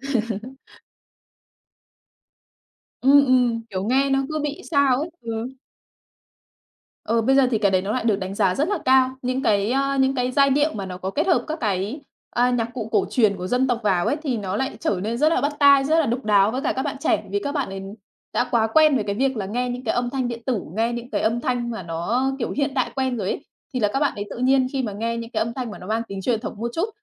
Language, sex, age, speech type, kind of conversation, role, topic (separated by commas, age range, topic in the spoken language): Vietnamese, female, 30-34, conversation, podcast, guest, Bạn nghĩ truyền thống văn hóa ảnh hưởng đến gu nghe nhạc như thế nào?